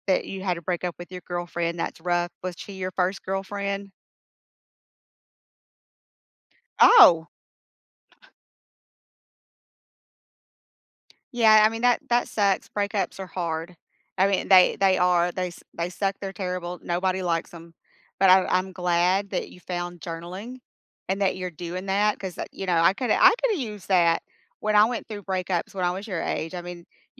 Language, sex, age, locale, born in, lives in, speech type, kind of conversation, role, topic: English, female, 50-54, en-US, United States, United States, conversation, unstructured, none, What is a tiny habit that improved your week?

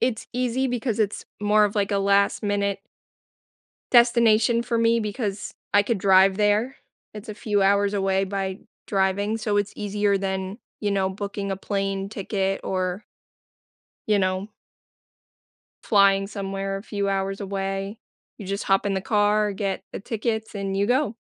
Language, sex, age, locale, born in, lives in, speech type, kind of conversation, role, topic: English, female, 25-29, en-US, United States, United States, conversation, podcast, guest, What makes a vacation spot truly memorable for you?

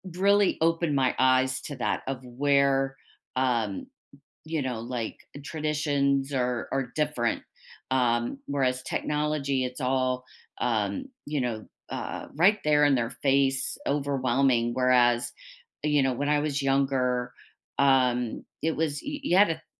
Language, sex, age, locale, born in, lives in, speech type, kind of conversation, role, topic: English, female, 50-54, en-US, United States, United States, conversation, unstructured, none, What shared traditions would you love to start or revive together to deepen our connection?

